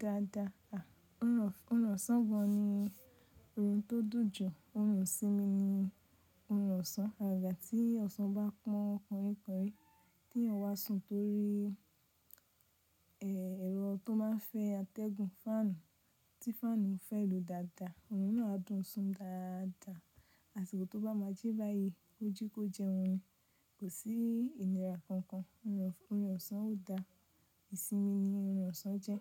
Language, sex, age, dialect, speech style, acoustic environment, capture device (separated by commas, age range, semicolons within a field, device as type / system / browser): Yoruba, female, 25-34, Nigeria - Nigerian Standard, focused, nature, mobile / Linux / Chrome